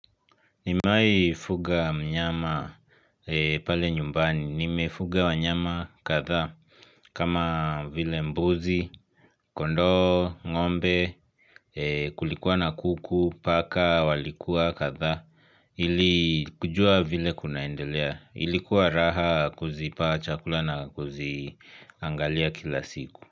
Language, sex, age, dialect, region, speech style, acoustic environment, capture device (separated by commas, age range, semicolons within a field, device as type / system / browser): Swahili, male, 25-34, Kenya - Nairobi Sheng-influenced, Kenya, happy, silence, mobile / Linux / Chrome